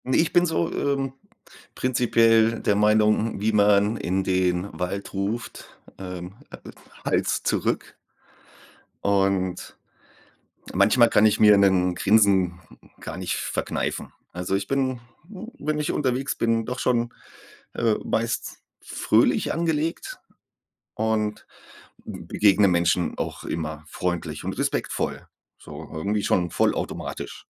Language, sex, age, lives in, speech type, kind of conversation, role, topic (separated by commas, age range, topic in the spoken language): German, male, 40-44, Germany, conversation, unstructured, none, Welche Rolle spielt Freundlichkeit im Alltag?